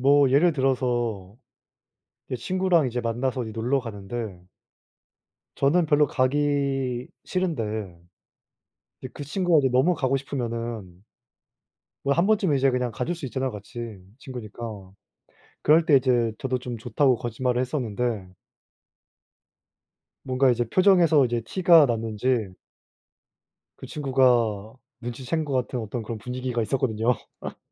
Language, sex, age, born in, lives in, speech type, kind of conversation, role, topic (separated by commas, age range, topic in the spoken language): Korean, male, 20-24, South Korea, South Korea, conversation, unstructured, none, 어떤 상황에서는 거짓말을 해도 괜찮다고 생각하시나요?